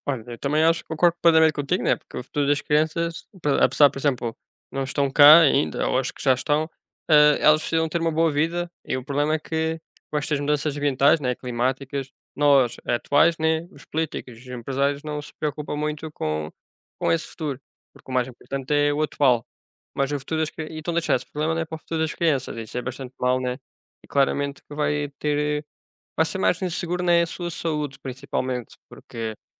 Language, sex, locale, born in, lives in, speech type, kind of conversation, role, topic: Portuguese, male, pt-PT, Portugal, Portugal, conversation, unstructured, none, Como você acha que as mudanças ambientais afetam o futuro das crianças?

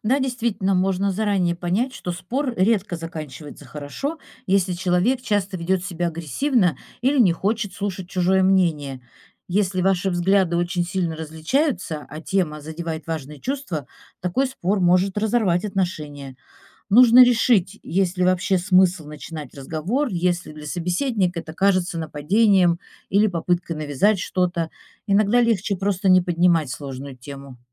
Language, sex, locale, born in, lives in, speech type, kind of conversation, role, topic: Russian, female, ru-RU, Russia, Italy, read, expert-assistant, expert, Почему важно уважать мнение других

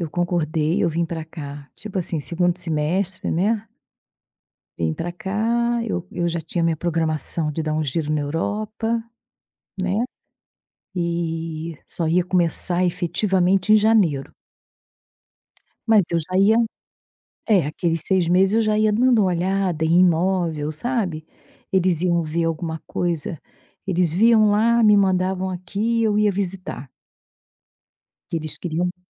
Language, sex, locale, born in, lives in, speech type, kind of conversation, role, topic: Portuguese, female, pt-BR, Brazil, Portugal, conversation, podcast, guest, O que te traz alegria nas pequenas rotinas do dia a dia?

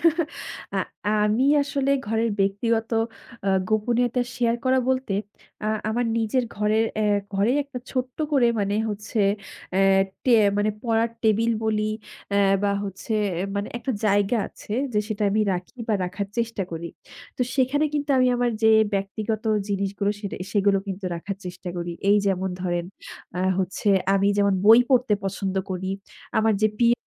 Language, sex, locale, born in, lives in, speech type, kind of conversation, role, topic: Bengali, female, bn-BD, Bangladesh, Bangladesh, conversation, podcast, guest, আপনি কীভাবে ঘরে নিজের ব্যক্তিগত গোপনীয়তা বজায় রাখেন?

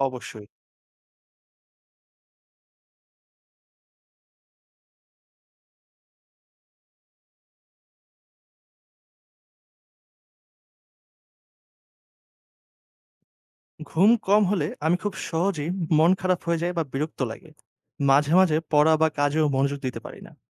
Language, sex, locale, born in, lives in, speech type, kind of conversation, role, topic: Bengali, male, bn-BD, Bangladesh, Bangladesh, read, expert-assistant, asker, পর্যাপ্ত ঘুমের প্রয়োজনীয়তা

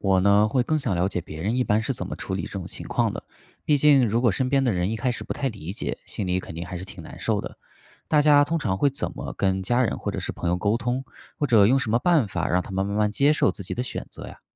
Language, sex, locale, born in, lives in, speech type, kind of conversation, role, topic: Chinese, male, zh-CN, China, United States, read, expert-assistant, asker, 信仰宗教会对生活产生哪些影响吗？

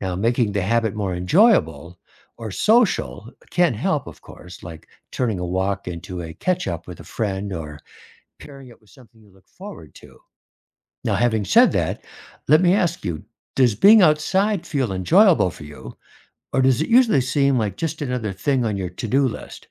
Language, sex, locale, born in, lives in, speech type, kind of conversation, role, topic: English, male, en-US, United States, United States, read, expert-assistant, expert, How can I make outdoor activities a regular part of my week, even with a busy schedule?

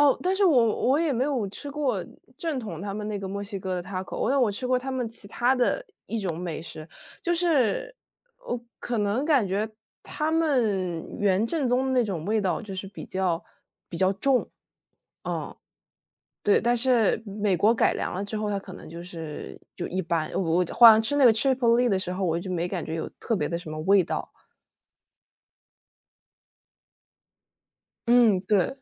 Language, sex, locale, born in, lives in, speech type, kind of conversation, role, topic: Chinese, female, zh-CN, United States, United States, conversation, unstructured, none, 你尝试过外国的特色美食吗？感觉怎么样？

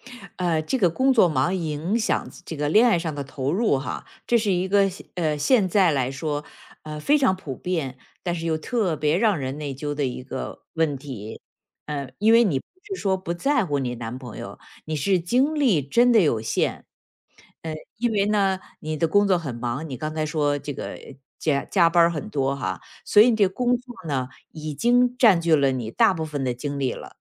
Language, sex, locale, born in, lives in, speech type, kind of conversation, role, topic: Chinese, female, zh-CN, China, United States, conversation, advice, advisor, 工作忙会影响我在恋爱中的投入吗？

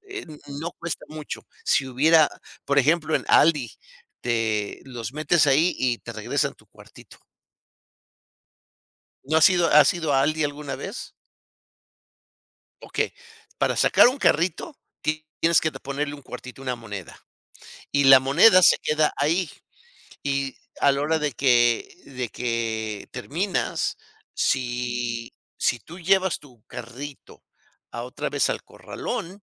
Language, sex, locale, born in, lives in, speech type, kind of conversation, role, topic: Spanish, male, es-MX, Mexico, United States, conversation, unstructured, none, ¿Por qué es fundamental proteger los océanos?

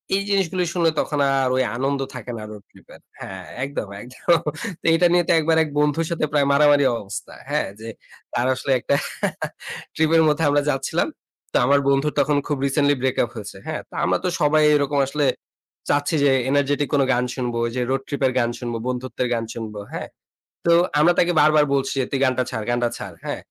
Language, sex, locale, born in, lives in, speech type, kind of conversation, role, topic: Bengali, male, bn-BD, Bangladesh, Bangladesh, conversation, podcast, guest, শেয়ার্ড প্লেলিস্টে গান বাছাই করার উপায়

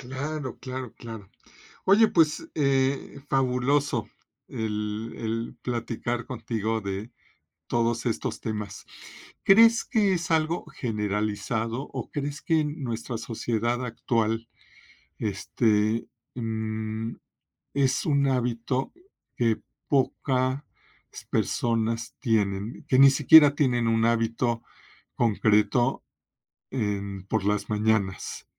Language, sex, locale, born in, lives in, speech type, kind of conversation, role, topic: Spanish, male, es-MX, Mexico, Mexico, conversation, podcast, host, ¿Qué hábito matutino te cambia por completo el ánimo?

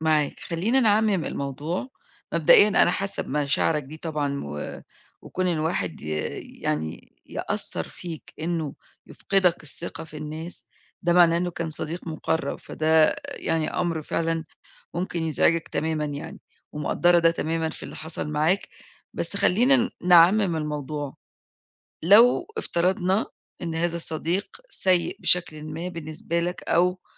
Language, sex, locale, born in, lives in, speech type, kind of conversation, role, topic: Arabic, female, ar-EG, Egypt, Egypt, conversation, advice, advisor, إزاي أتعامل مع فقدان الثقة بسبب كذبة قالها صاحبي؟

